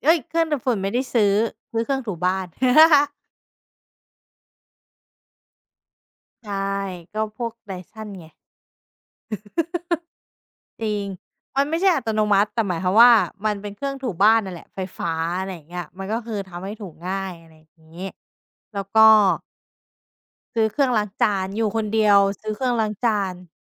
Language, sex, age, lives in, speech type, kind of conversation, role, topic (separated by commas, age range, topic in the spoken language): Thai, female, 30-34, Thailand, conversation, podcast, guest, คุณใช้เทคนิคอะไรในการเอาชนะการผัดวันประกันพรุ่งเวลาทำงานบ้าน?